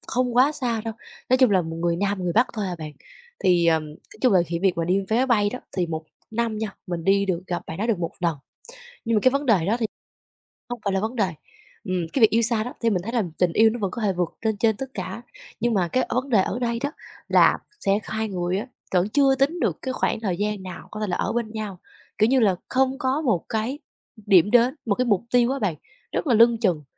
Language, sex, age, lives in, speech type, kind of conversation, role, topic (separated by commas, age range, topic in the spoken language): Vietnamese, female, 20-24, Vietnam, conversation, advice, user, Tôi vừa chia tay và không ngừng khóc, tôi nên làm gì để vượt qua cảm giác này?